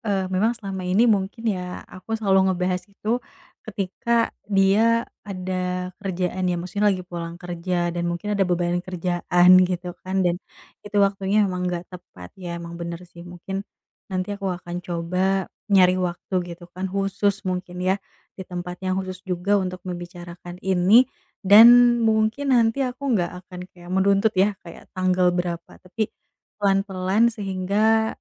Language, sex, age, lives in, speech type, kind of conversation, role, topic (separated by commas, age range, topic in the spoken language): Indonesian, female, 30-34, Indonesia, conversation, advice, user, Bagaimana saya bisa membicarakan rencana masa depan dengan pasangan saya?